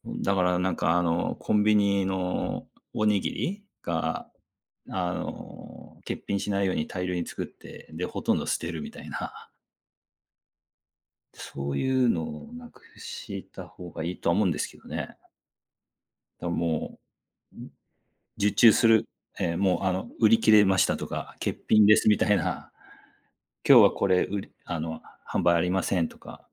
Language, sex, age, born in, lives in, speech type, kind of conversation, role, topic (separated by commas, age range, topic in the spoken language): Japanese, male, 40-44, Japan, Japan, conversation, unstructured, none, 地球温暖化について、どう感じていますか？